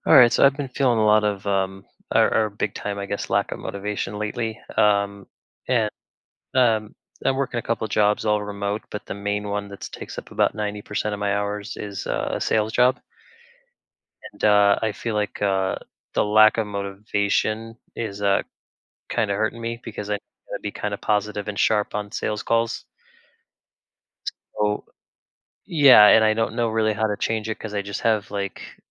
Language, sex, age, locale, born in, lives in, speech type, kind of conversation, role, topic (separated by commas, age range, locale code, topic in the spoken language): English, male, 35-39, en-US, United States, United States, conversation, advice, user, How can I refresh my boring daily routine?